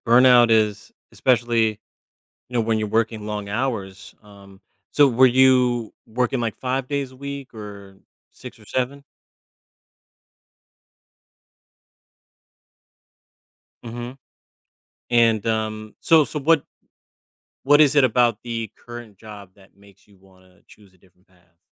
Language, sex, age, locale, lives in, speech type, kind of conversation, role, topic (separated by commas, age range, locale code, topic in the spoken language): English, male, 35-39, en-US, United States, conversation, advice, advisor, How can I change careers after many years?